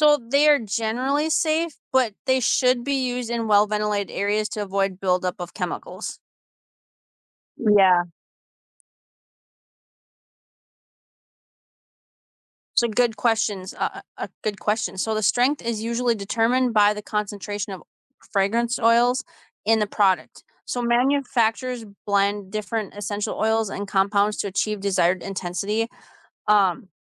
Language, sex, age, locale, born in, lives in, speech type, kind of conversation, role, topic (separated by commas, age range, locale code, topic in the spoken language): English, female, 35-39, en-US, United States, United States, read, expert-assistant, expert, How do air fresheners spread scent?